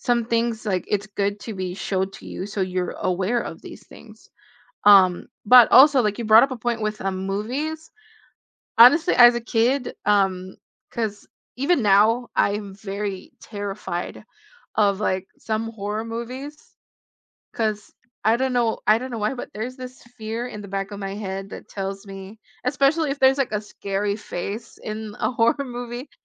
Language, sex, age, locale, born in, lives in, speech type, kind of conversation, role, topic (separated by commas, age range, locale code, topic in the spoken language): English, female, 20-24, en-US, Philippines, United States, conversation, unstructured, none, Would you rather live in a world without music or without movies?